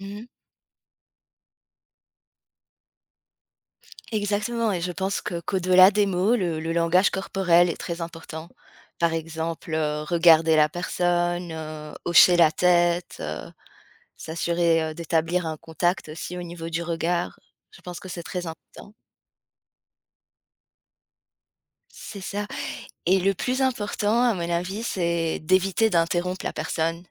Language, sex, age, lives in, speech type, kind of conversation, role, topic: French, female, 35-39, France, conversation, podcast, guest, Comment montrer de l’empathie sans minimiser ce que l’autre ressent ?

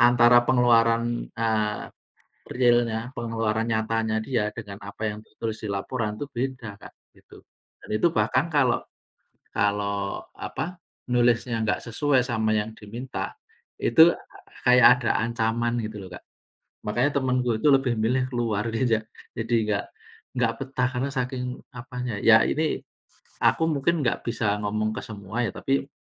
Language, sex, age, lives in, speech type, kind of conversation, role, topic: Indonesian, male, 40-44, Indonesia, conversation, unstructured, none, Bagaimana perasaanmu saat melihat kasus korupsi yang terus muncul di berita?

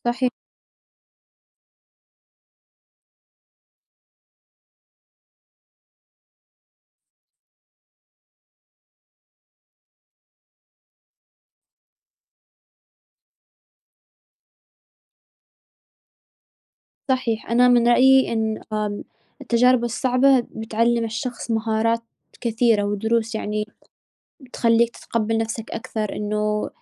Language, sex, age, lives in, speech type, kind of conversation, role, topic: Arabic, female, 20-24, United States, conversation, unstructured, none, إزاي التجارب الصعبة بتأثر على إحساسك بنفسك؟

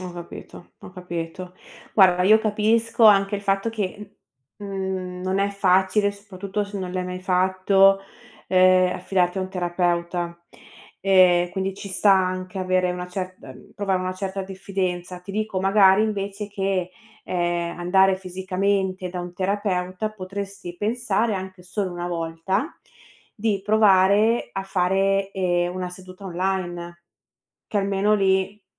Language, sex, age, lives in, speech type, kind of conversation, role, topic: Italian, female, 30-34, Italy, conversation, advice, advisor, Come si manifesta la tua autocritica cronica che finisce per bloccare tutte le tue idee?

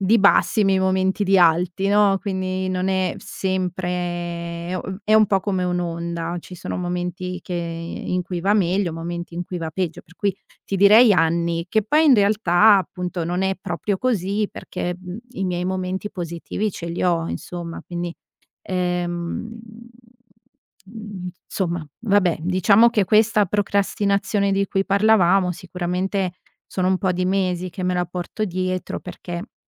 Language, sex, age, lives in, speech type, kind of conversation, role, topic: Italian, female, 30-34, Italy, conversation, advice, user, Come posso smettere di procrastinare e costruire l’abitudine di iniziare subito?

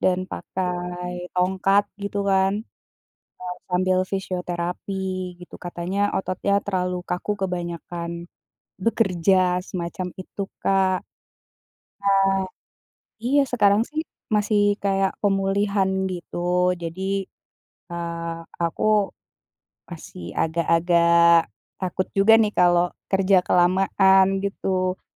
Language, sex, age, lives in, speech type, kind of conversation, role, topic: Indonesian, female, 40-44, Indonesia, conversation, advice, user, Bagaimana saya bisa kembali bekerja tanpa mengorbankan pemulihan dari kelelahan kerja?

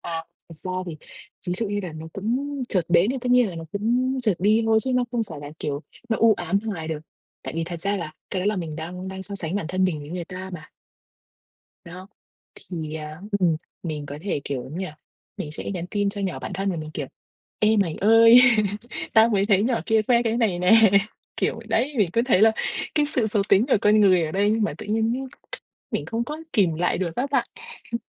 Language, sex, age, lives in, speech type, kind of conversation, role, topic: Vietnamese, female, 25-29, Vietnam, conversation, advice, user, Vì sao việc so sánh bản thân với bạn bè trên mạng xã hội khiến bạn mất tự tin?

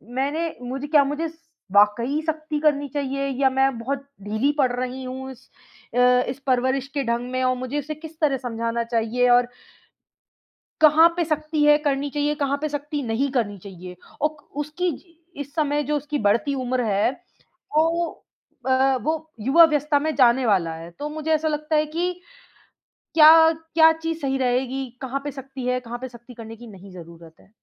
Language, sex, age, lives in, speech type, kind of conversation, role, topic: Hindi, female, 25-29, India, conversation, advice, user, बच्चों की परवरिश के तरीकों को लेकर माता-पिता से होने वाले मतभेद कैसे सुलझाएँ?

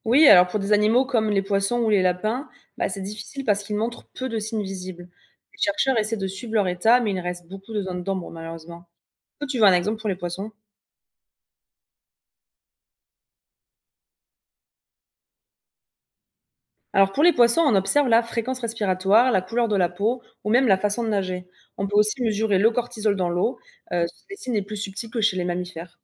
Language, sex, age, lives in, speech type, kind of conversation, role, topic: French, female, 25-29, France, read, expert-assistant, expert, Est-ce qu’on peut vraiment dire qu’un animal d’élevage est heureux ? Qu’est-ce que ça voudrait dire ?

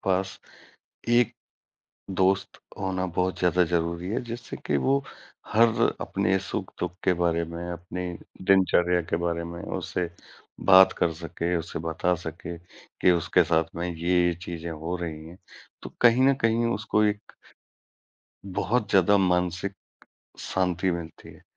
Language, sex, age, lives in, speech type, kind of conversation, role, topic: Hindi, male, 40-44, India, conversation, unstructured, none, दोस्ती में आपके लिए सबसे ज़रूरी बात क्या है?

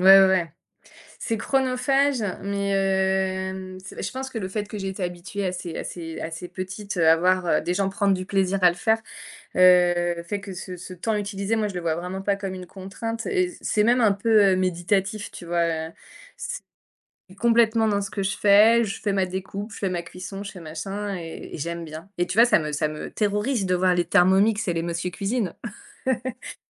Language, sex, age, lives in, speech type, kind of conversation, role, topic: French, female, 30-34, France, conversation, podcast, guest, Y a‑t‑il des plats qui représentent ta famille ?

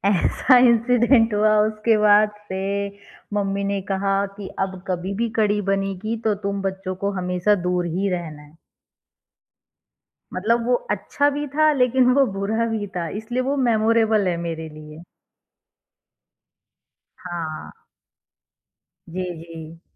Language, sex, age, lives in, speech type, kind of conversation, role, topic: Hindi, female, 30-34, India, conversation, podcast, guest, Kya comfort food sirf taste ke liye hota hai, ya uske saath emotions bhi jud jaate hain?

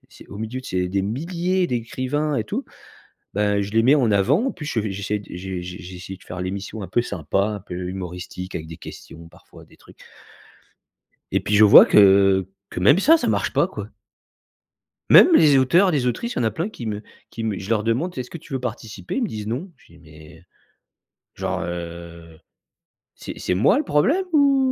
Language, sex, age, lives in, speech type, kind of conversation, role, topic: French, male, 45-49, France, conversation, advice, user, Quels aspects vous font douter de l’intérêt de votre produit et comment se manifeste votre syndrome de l’imposteur au quotidien ?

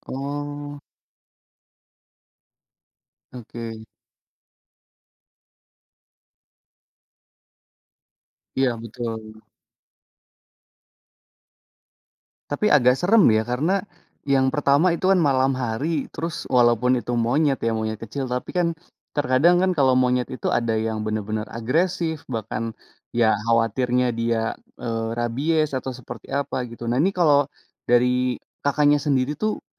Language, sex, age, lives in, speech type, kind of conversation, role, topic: Indonesian, male, 30-34, Indonesia, conversation, podcast, host, Pernahkah kamu bertemu satwa liar saat sedang berjalan-jalan, dan bagaimana reaksimu saat itu?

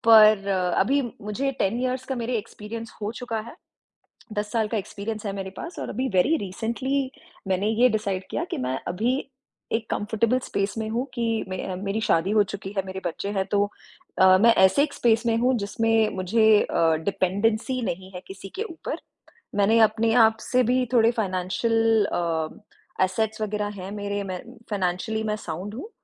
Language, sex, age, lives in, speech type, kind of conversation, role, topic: Hindi, female, 30-34, India, conversation, podcast, guest, Tum apne shauk aur kamaai ke beech ka santulan kaise banate ho?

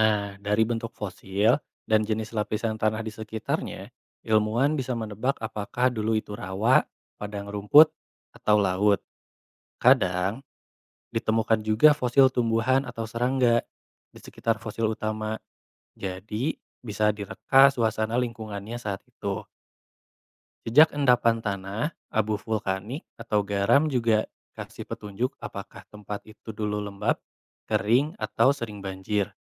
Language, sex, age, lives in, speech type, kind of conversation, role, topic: Indonesian, male, 25-29, Indonesia, read, expert-assistant, expert, Bagaimana proses terbentuknya fosil di dalam tanah?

